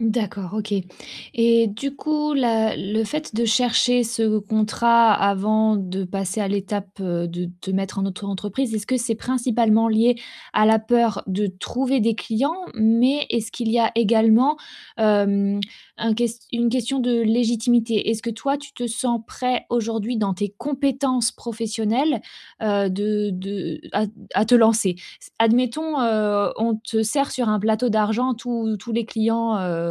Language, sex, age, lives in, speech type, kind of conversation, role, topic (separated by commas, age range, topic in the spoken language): French, female, 30-34, France, conversation, advice, advisor, Comment puis-je commencer un projet malgré la peur d’échouer ?